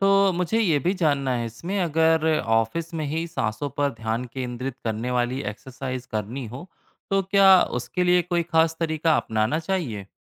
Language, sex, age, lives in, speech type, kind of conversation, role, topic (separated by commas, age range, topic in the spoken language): Hindi, male, 30-34, India, read, expert-assistant, asker, क्या ऑफिस के काम में ध्यान और याददाश्त तेज़ करने के आसान तरीके हैं?